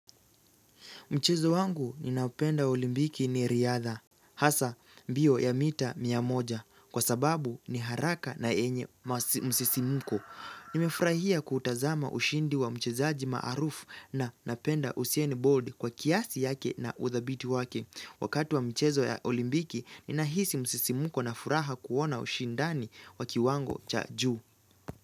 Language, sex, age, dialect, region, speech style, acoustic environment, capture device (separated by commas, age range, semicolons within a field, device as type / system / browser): Swahili, male, 18-24, Kenya - Nairobi Sheng-influenced, Kenya, focused; relaxed; excited, nature; silence, mobile / Linux / Chrome